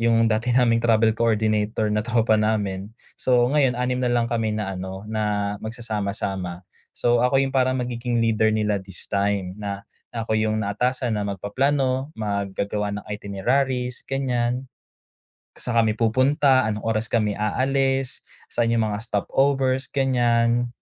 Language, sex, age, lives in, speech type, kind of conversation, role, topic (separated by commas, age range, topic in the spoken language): Filipino, male, 25-29, Philippines, conversation, advice, user, Paano ako magpaplano ng stress-free at masayang bakasyon?